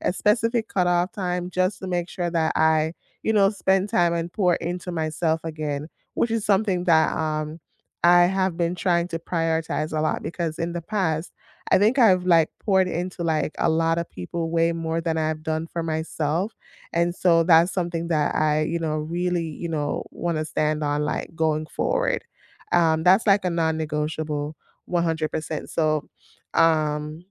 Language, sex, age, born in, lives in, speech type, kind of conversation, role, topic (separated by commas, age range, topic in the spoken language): English, female, 30-34, Jamaica, United States, conversation, unstructured, none, What little after-work habits help you unwind, and how did they become part of your routine?